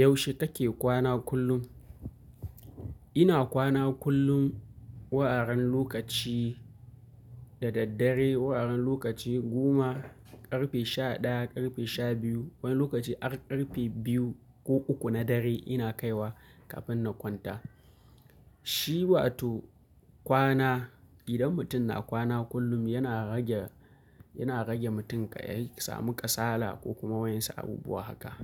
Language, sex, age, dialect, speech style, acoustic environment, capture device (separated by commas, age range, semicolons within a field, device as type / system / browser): Hausa, male, 25-34, Nigeria - Kano, happy, nature; appliances, mobile / Linux / Chrome